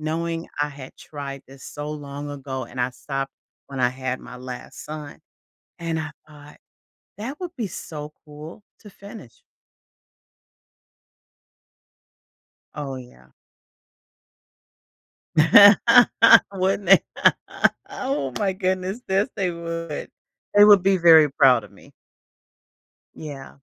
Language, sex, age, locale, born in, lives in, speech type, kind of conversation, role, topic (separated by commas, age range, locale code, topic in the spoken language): English, female, 55-59, en-US, United States, United States, conversation, advice, user, How should I decide between major life-changing options?